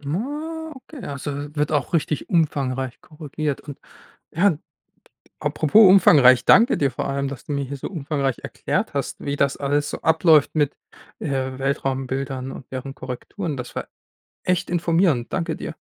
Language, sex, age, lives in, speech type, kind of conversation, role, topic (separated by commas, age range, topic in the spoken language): German, male, 25-29, Germany, read, expert-assistant, asker, Wie unterscheide ich bei Berichten über das Weltall zwischen Fakten und Übertreibungen?